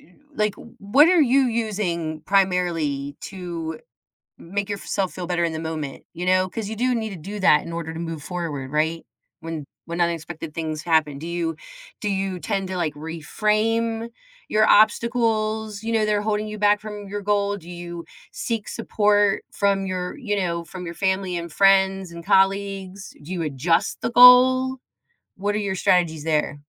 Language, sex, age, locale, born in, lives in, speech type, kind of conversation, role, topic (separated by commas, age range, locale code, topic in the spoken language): English, female, 50-54, en-US, United States, United States, conversation, podcast, host, What helps you stay resilient when working toward your goals?